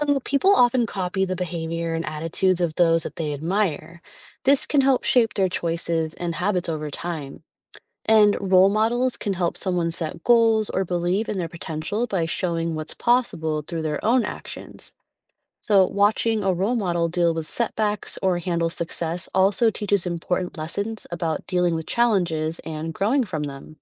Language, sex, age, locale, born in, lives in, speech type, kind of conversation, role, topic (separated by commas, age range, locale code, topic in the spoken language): English, female, 35-39, en-US, United States, United States, read, expert-assistant, expert, How do role models influence personal development?